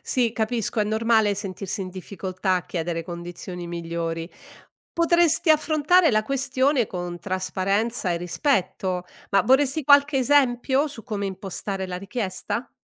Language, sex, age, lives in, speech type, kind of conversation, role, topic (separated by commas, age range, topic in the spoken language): Italian, female, 60-64, Italy, read, expert-assistant, expert, Come posso gestire meglio un piccolo budget quando i prezzi cambiano spesso?